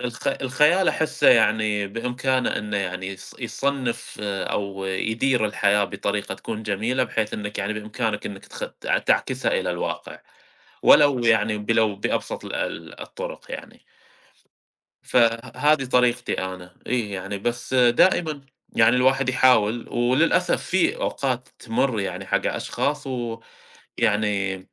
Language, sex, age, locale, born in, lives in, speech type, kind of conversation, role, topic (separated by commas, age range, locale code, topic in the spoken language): Arabic, male, 30-34, ar-SA, Saudi Arabia, United States, conversation, unstructured, none, إزاي تفضل محافظ على حماسك عشان تحقق أهدافك؟